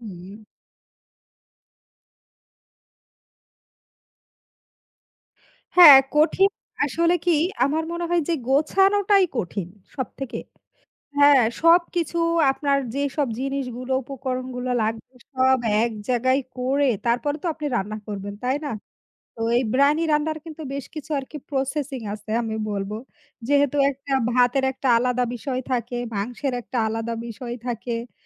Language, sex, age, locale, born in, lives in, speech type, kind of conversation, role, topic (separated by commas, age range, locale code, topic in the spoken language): Bengali, female, 35-39, bn-BD, Bangladesh, Bangladesh, conversation, unstructured, none, আপনার প্রিয় রান্নার পদ কোনটি, এবং কেন?